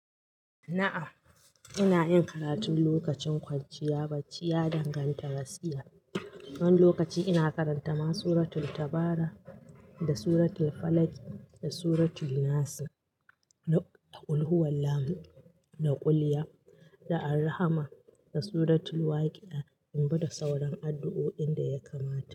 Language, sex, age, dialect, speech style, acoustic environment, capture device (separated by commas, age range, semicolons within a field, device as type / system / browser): Hausa, female, 35-44, Nigeria - Katsina, happy; anxious; nervous; excited; focused, silence; nature; appliances, mobile / Linux / Chrome